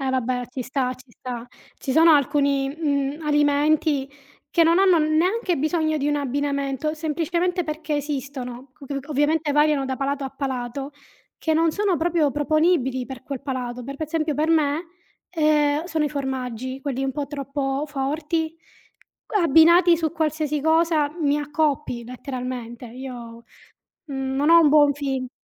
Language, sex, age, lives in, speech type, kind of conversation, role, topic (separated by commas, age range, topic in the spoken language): Italian, female, 35-39, Italy, conversation, unstructured, none, Qual è il peggior abbinamento di cibi che tu abbia mai provato?